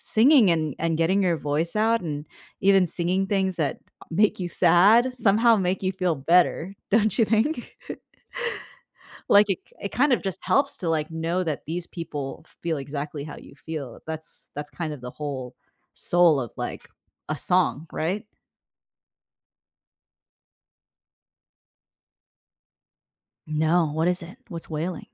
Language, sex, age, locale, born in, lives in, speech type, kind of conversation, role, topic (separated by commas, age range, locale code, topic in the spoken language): English, female, 25-29, en-US, United States, United States, conversation, podcast, host, Why do you think music is such a powerful source of comfort during difficult times?